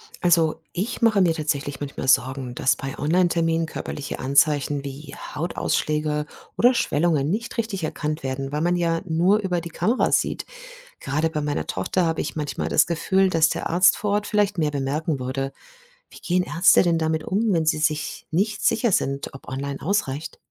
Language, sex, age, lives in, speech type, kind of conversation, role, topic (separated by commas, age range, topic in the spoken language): German, female, 50-54, Germany, read, expert-assistant, asker, Was spricht im Alltag eher für einen Hausarztbesuch statt für einen Online-Arzttermin – und umgekehrt?